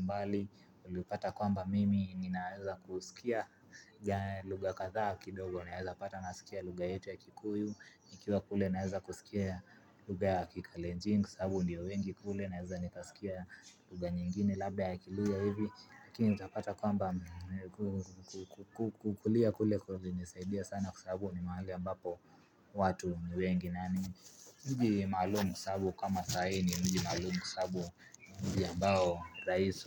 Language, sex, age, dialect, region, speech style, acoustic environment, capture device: Swahili, male, 18-24, Kenya - Nairobi Sheng-influenced, Kenya, relaxed, nature, mobile / Linux / Chrome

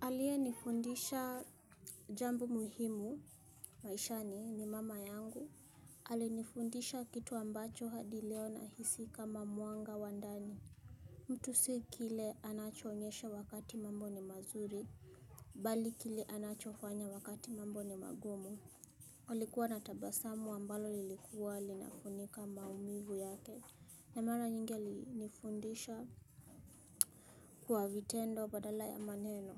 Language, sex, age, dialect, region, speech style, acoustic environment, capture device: Swahili, female, 25-34, Kenya - Nairobi Sheng-influenced, Kenya, happy, music; silence, mobile / Linux / Chrome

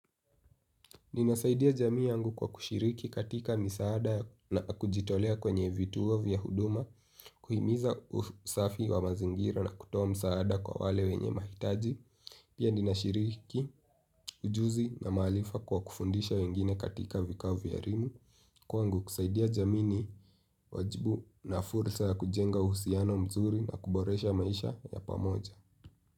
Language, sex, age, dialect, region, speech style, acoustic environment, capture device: Swahili, male, 18-24, Kenya - Nairobi Sheng-influenced, Kenya, relaxed; happy; focused, nature; silence, mobile / Linux / Chrome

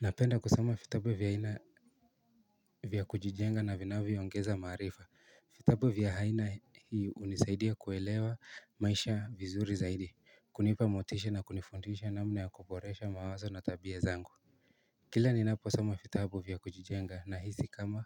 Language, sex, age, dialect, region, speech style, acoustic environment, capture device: Swahili, male, 18-24, Kenya - Nairobi Sheng-influenced, Kenya, anxious, silence, mobile / Linux / Chrome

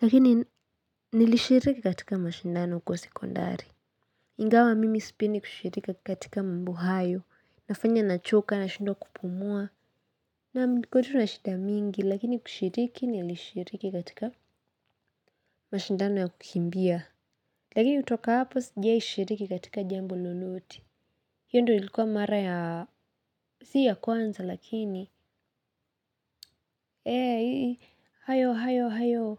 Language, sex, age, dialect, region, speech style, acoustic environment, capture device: Swahili, female, 18-24, Kenya - Nairobi Sheng-influenced, Kenya, happy; excited; focused; relaxed, nature, mobile / Linux / Chrome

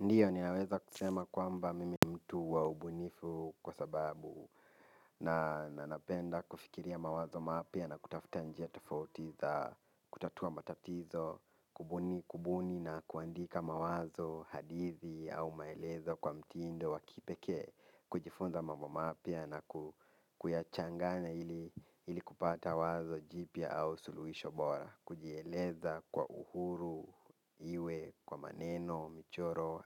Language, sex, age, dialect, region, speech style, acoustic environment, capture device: Swahili, male, 18-24, Kenya - Nairobi Sheng-influenced, Kenya, focused; relaxed, silence, mobile / Linux / Chrome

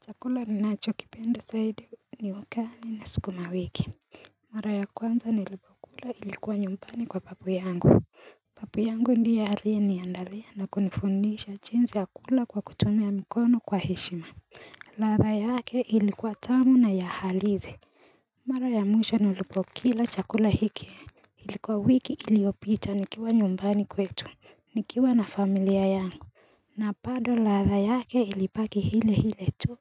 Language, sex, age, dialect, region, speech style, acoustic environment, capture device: Swahili, female, 18-24, Kenya - Nairobi Sheng-influenced, Kenya, happy, nature, mobile / Linux / Chrome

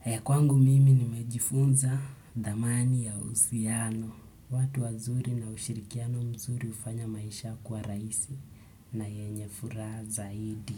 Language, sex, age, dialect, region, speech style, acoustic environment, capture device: Swahili, male, 25-34, Kenya - Nairobi Sheng-influenced, Kenya, focused, nature, desktop / Windows / Chrome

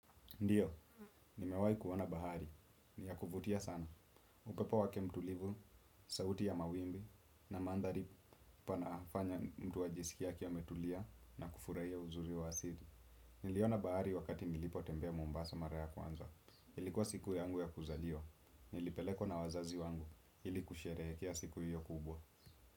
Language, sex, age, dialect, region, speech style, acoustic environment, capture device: Swahili, male, 18-24, Kenya - Nairobi Sheng-influenced, Kenya, focused, silence, desktop / Windows / Chrome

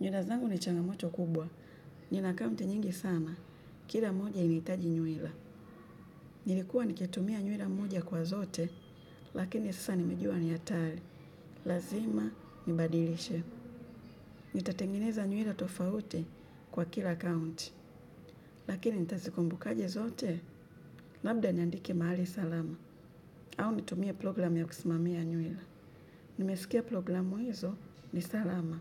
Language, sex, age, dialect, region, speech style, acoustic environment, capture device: Swahili, female, 25-34, Kenya - Nairobi Sheng-influenced, Kenya, relaxed, nature, mobile / Linux / Chrome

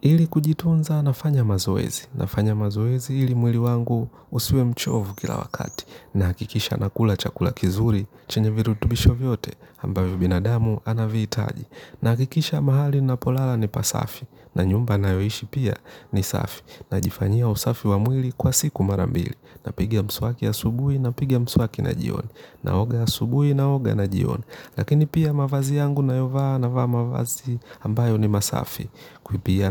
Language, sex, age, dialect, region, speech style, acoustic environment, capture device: Swahili, male, 25-34, Kenya - Nairobi Sheng-influenced, Kenya, relaxed; focused, nature, mobile / Linux / Chrome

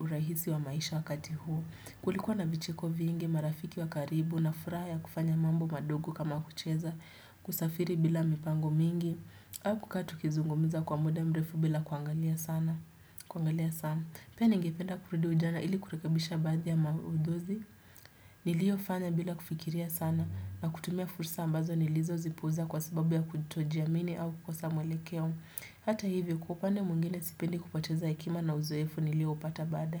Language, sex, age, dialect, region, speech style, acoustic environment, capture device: Swahili, female, 18-24, Kenya - Nairobi Sheng-influenced, Kenya, relaxed; focused, people talking; music; appliances; construction, desktop / Windows / Chrome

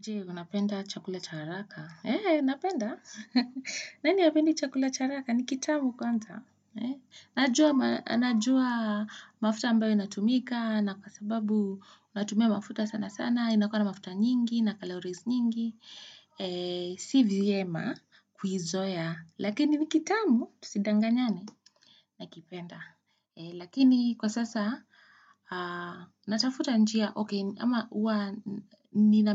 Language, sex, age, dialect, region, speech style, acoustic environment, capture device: Swahili, female, 25-34, Kenya - Nairobi Sheng-influenced, Kenya, excited, people talking; nature, desktop / Windows / Chrome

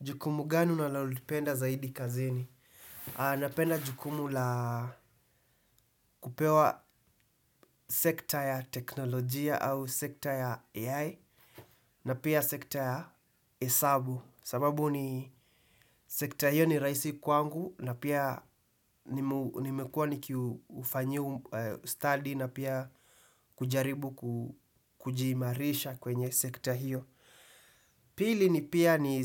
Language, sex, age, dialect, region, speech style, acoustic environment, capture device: Swahili, male, 18-24, Kenya - Nairobi Sheng-influenced, Kenya, happy, silence, desktop / Windows / Chrome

